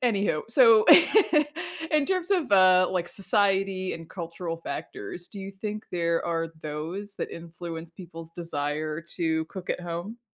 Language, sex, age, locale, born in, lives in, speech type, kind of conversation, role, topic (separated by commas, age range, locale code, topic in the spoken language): English, female, 40-44, en-US, United States, United States, conversation, podcast, host, What motivates people to cook at home instead of eating out?